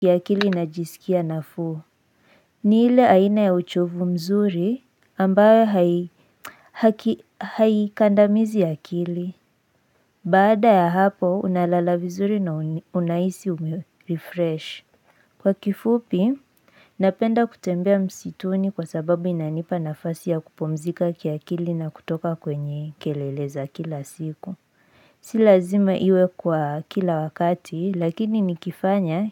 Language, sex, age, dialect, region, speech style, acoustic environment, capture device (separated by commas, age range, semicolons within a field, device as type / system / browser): Swahili, female, 18-24, Kenya - Nairobi Sheng-influenced, Kenya, anxious, silence, mobile / Linux / Chrome